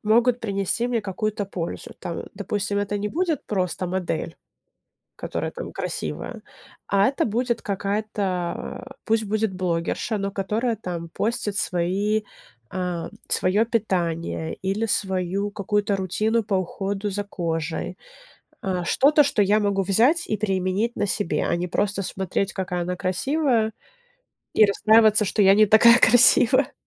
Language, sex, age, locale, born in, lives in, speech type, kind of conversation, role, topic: Russian, female, 25-29, ru-RU, Ukraine, United States, conversation, podcast, guest, Как перестать сравнивать себя с «идеальными» образами в интернете?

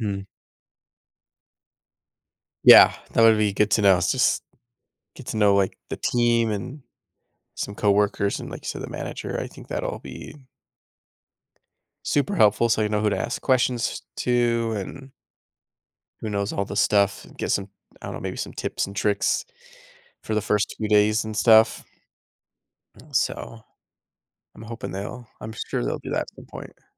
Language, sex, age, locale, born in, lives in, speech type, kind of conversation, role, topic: English, male, 30-34, en-US, United States, United States, conversation, advice, user, How can I manage nerves before starting a new job?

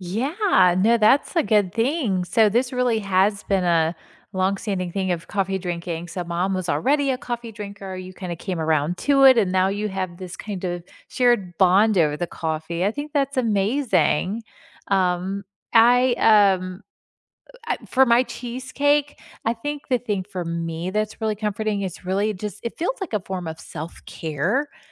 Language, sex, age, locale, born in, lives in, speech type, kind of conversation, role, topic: English, female, 40-44, en-US, United States, United States, conversation, unstructured, none, What is the story behind your favorite weekend ritual, and what makes it meaningful to you?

